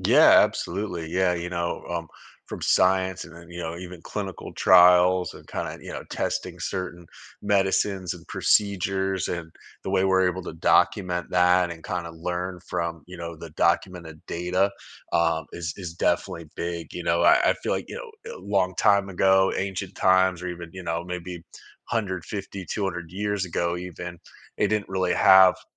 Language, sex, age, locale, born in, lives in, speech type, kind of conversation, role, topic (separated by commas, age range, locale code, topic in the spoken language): English, male, 40-44, en-US, United States, United States, conversation, podcast, guest, What medical advancements have had the biggest impact on our lives?